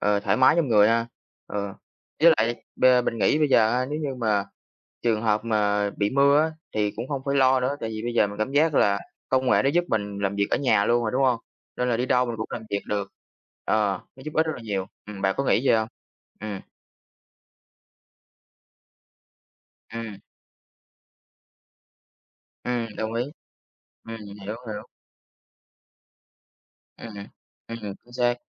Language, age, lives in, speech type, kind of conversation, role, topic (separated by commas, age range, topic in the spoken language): Vietnamese, 20-24, Vietnam, conversation, unstructured, none, Công nghệ có khiến xã hội trở nên bất bình đẳng hơn không?